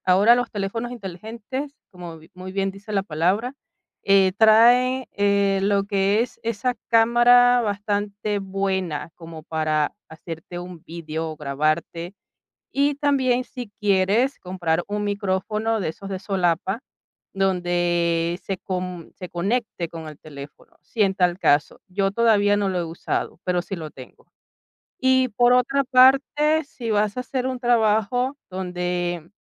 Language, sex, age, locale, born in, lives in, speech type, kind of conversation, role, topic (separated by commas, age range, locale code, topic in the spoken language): Spanish, female, 45-49, es-VE, Venezuela, Italy, conversation, podcast, guest, ¿Cómo organizas tu espacio de trabajo en casa para ser productivo y estar cómodo?